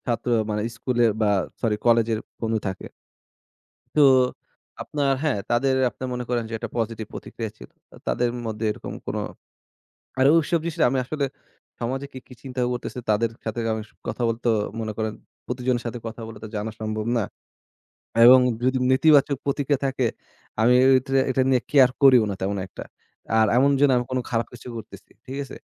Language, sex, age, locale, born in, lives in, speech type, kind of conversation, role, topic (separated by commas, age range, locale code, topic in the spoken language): Bengali, male, 20-24, bn-BD, Bangladesh, Bangladesh, conversation, podcast, guest, কোন কৌতূহল আপনাকে অপ্রত্যাশিত কোনো পথে নিয়ে গিয়েছিল?